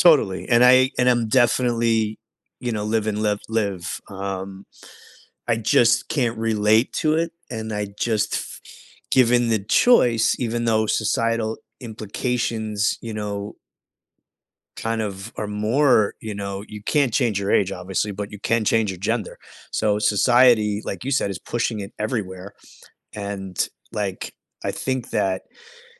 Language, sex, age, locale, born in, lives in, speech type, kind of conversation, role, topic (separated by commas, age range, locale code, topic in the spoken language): English, male, 45-49, en-US, United States, United States, conversation, unstructured, none, Would you rather be able to change your age at will or change your gender at will?